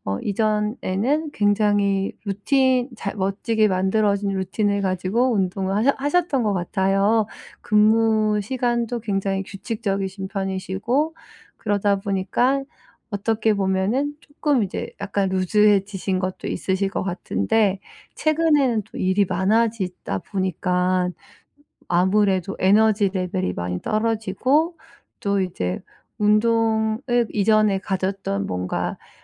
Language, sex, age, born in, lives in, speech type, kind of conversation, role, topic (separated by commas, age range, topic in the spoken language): Korean, female, 40-44, South Korea, United States, conversation, advice, advisor, 동기를 유지하면서 번아웃을 피하려면 어떻게 해야 하나요?